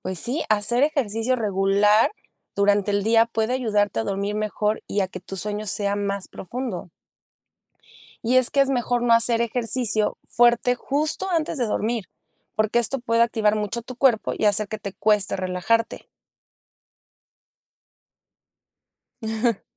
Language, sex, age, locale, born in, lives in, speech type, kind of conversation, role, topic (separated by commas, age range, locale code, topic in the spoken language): Spanish, female, 35-39, es-MX, Mexico, Mexico, read, expert-assistant, expert, Cómo influye el sueño en el rendimiento académico